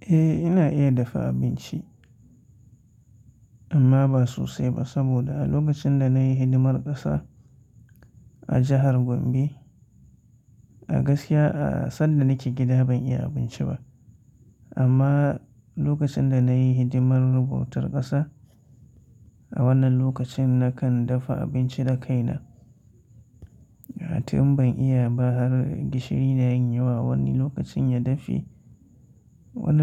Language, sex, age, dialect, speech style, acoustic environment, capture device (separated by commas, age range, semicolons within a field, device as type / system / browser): Hausa, male, 25-34, Nigeria - Katsina, tired, silence, mobile / Linux / Chrome